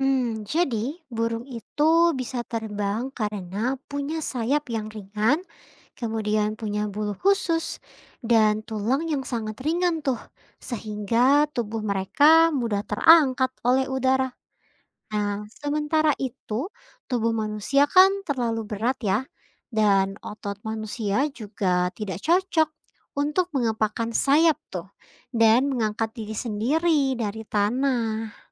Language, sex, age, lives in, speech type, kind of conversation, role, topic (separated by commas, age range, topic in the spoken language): Indonesian, female, 25-29, Indonesia, read, expert-assistant, expert, Mengapa burung bisa terbang sedangkan manusia tidak?